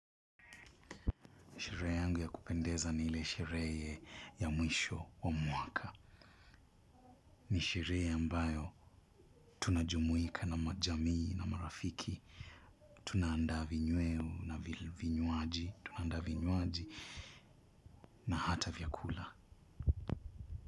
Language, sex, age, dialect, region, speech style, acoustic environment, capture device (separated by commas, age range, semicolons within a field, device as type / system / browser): Swahili, male, 25-34, Kenya - Mombasa, Kenya, happy; relaxed, silence, mobile / Linux / Chrome